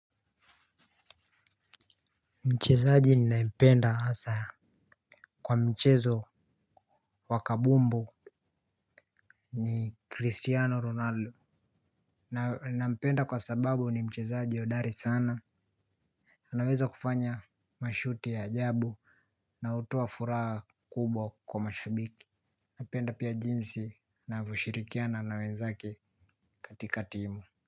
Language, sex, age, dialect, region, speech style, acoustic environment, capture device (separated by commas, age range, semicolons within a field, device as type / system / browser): Swahili, male, 18-24, Kenya - Nairobi Sheng-influenced, Kenya, happy, nature, mobile / Linux / Chrome